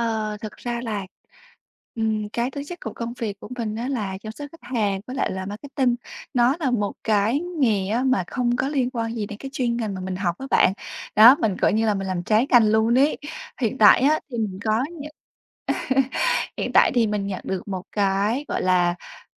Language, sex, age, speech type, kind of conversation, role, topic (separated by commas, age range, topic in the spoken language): Vietnamese, female, 25-29, conversation, advice, user, Làm sao để quản lý sự thất vọng và giữ kiên nhẫn khi tiến trình của tôi diễn ra chậm?